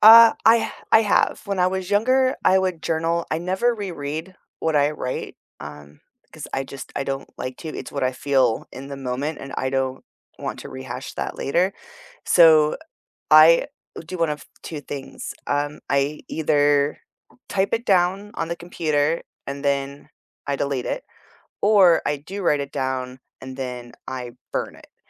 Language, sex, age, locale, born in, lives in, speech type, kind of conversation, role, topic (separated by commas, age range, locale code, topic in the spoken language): English, female, 40-44, en-US, United States, United States, conversation, unstructured, none, Which weekend rituals help you reset and recharge, and what makes them meaningful to you?